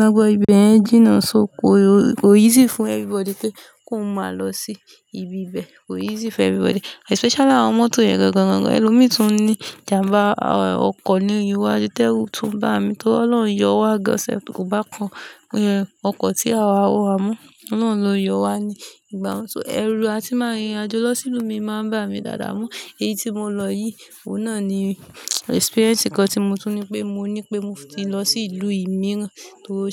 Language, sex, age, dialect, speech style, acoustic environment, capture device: Yoruba, female, 25-34, Nigeria - Lagos Yoruba, relaxed, silence, mobile / Linux / Chrome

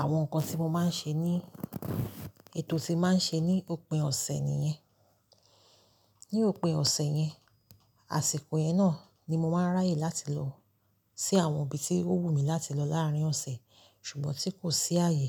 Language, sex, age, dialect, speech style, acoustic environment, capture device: Yoruba, female, 35-44, Nigeria - Lagos Yoruba, focused, silence, mobile / Linux / Chrome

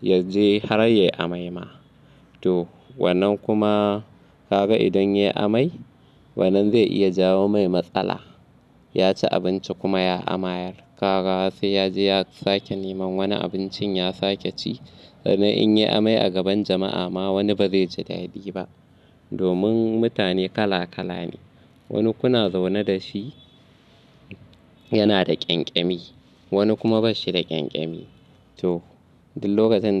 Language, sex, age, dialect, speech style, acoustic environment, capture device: Hausa, male, 25-34, Nigeria - Kano, happy, nature, mobile / Linux / Chrome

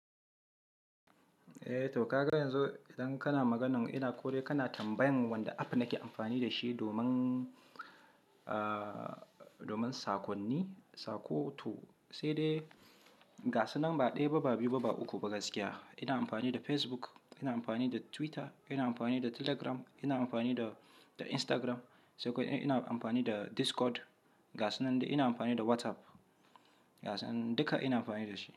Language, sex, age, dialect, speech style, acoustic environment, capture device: Hausa, male, 25-34, Nigeria - Kano, relaxed, silence, mobile / Linux / Chrome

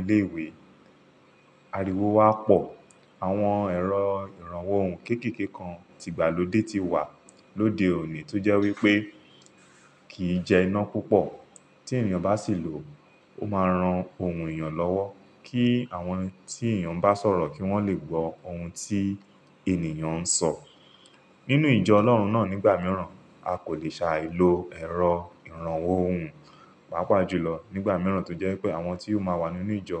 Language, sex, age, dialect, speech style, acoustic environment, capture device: Yoruba, male, 35-44, Nigeria - Lagos Yoruba, focused; relaxed, silence, mobile / Linux / Chrome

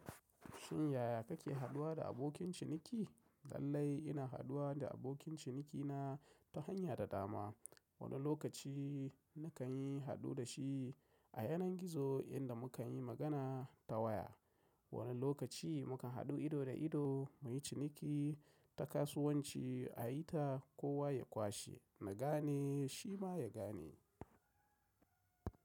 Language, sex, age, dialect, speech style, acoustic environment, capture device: Hausa, male, 35-44, Nigeria - Kano, happy, silence, mobile / macOS / Safari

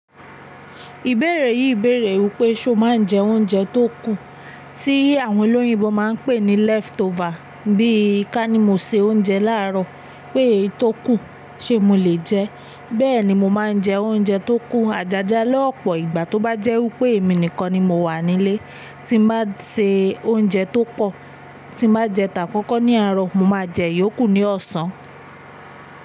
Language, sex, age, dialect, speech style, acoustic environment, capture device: Yoruba, female, 18-24, Nigeria - Ibadan, focused, appliances, mobile / Linux / Chrome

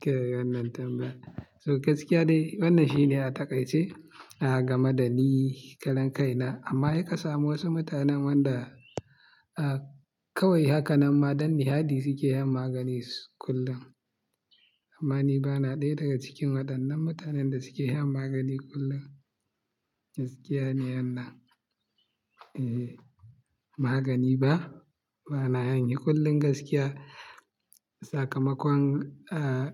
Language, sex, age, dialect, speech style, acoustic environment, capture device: Hausa, male, 25-34, Nigeria - Kano, focused; excited; happy; relaxed, silence, mobile / Linux / Chrome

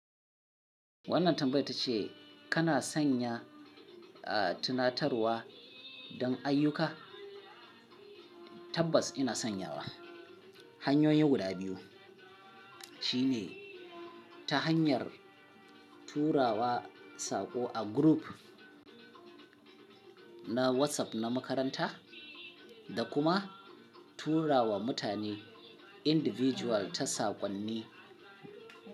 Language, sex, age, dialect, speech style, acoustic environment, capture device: Hausa, male, 35-44, Nigeria - Kano, happy, people talking; music, mobile / Linux / Chrome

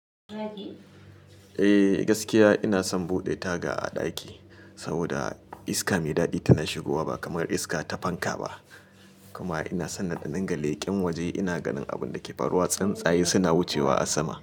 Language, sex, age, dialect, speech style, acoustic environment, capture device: Hausa, male, 35-44, Nigeria - Kano, happy; excited, appliances, mobile / Linux / Chrome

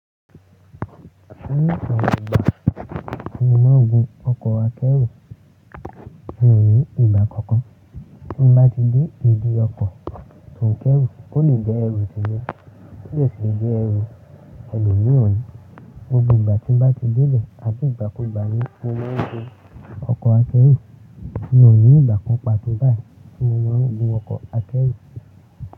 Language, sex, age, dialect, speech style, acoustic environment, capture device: Yoruba, male, 18-24, Nigeria - Lagos Yoruba, focused, people talking; music; appliances; construction; traffic, mobile / Linux / Chrome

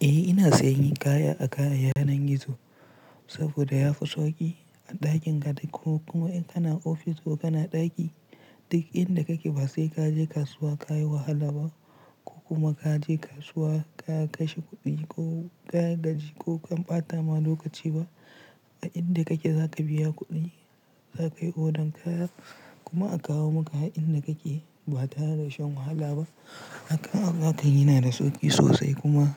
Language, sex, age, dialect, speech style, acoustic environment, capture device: Hausa, male, 18-24, Nigeria - Pidgin-influenced, relaxed; tired; stressed; focused, silence; nature, mobile / Linux / Chrome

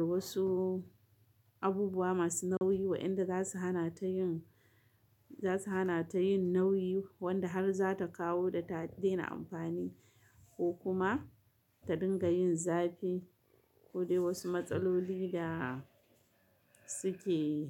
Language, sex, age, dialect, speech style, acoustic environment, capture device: Hausa, female, 35-44, Nigeria - Kano, happy, nature, mobile / Linux / Chrome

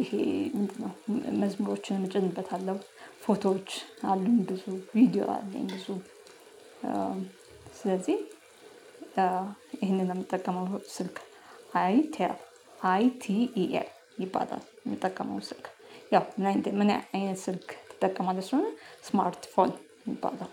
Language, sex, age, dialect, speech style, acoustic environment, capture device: Amharic, female, 35-44, Ethiopia - Addis Ababa, happy, silence, mobile / Linux / Chrome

